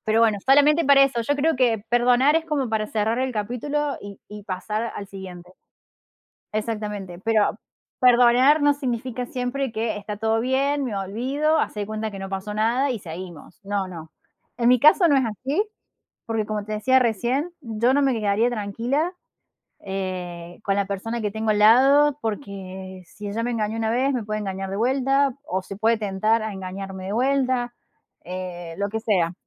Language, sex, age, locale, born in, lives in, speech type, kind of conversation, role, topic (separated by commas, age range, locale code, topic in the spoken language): Spanish, female, 30-34, es-AR, Argentina, Netherlands, conversation, unstructured, none, ¿Es correcto perdonar una infidelidad?